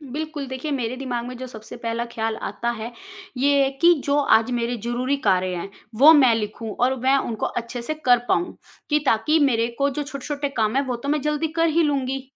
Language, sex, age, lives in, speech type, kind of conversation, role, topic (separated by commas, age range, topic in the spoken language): Hindi, female, 35-39, India, conversation, podcast, guest, किस आदत ने आपकी जिंदगी बदल दी?